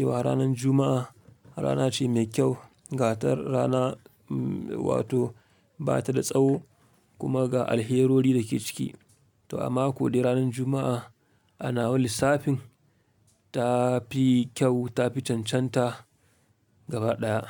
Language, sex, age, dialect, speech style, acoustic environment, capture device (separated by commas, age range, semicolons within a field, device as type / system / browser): Hausa, male, 25-34, Nigeria - Kano, relaxed, silence, mobile / Linux / Chrome